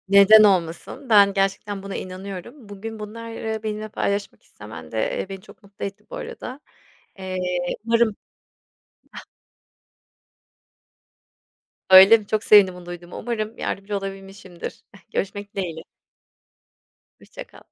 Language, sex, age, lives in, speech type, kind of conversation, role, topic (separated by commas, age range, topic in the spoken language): Turkish, female, 40-44, Spain, conversation, advice, advisor, Sürekli yorgunluk ve motivasyon kaybı yaşıyorsanız bunun nedenleri neler olabilir ve nasıl başa çıkabilirsiniz?